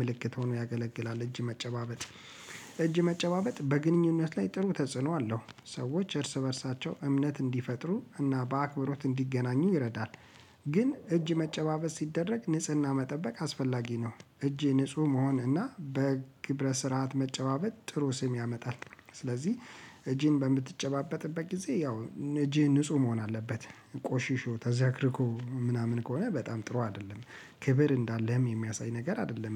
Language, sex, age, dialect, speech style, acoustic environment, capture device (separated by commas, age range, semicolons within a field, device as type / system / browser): Amharic, male, 35-44, Ethiopia - Addis Ababa, relaxed; focused, nature, mobile / Linux / Chrome